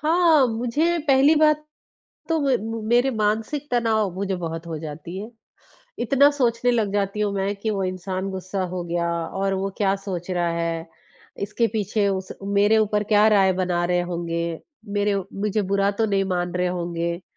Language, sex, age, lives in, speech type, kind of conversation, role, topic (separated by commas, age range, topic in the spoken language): Hindi, female, 20-24, India, conversation, advice, user, आप काम में छोटी-छोटी गलतियों पर खुद को कठोर तरीके से दोषी क्यों मानते हैं?